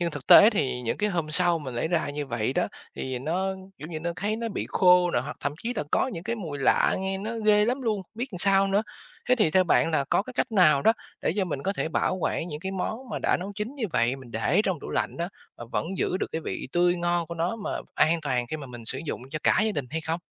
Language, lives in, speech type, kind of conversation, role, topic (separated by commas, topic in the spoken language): Vietnamese, Vietnam, read, expert-assistant, asker, Làm sao bảo quản thực phẩm trong tủ lạnh đúng cách để giữ được độ tươi và an toàn?